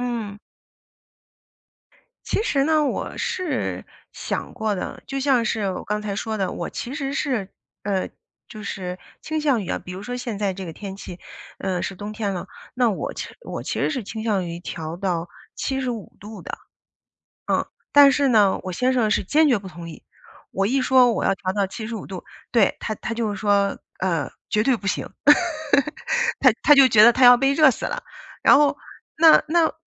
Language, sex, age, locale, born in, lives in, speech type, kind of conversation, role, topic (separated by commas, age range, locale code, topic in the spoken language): Chinese, female, 40-44, zh-CN, China, United States, conversation, podcast, guest, 你平常如何在家里节能减排，既省钱又环保？